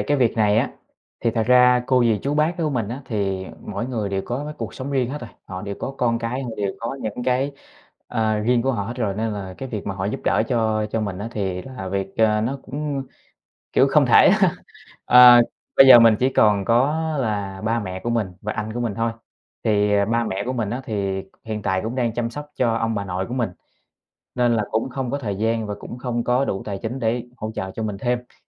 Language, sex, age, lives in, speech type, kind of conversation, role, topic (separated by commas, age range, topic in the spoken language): Vietnamese, male, 25-29, Vietnam, conversation, advice, user, Làm thế nào để hỗ trợ tài chính cho gia đình mà không ảnh hưởng đến quỹ cá nhân của bạn?